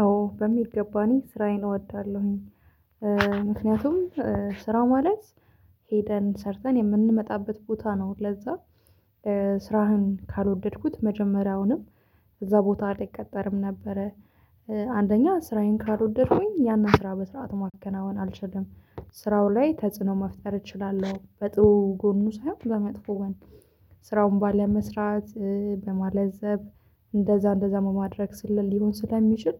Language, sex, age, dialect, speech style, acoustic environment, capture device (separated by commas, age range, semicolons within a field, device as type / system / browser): Amharic, female, 25-34, Ethiopia - Addis Ababa, happy; excited, silence, mobile / Linux / Chrome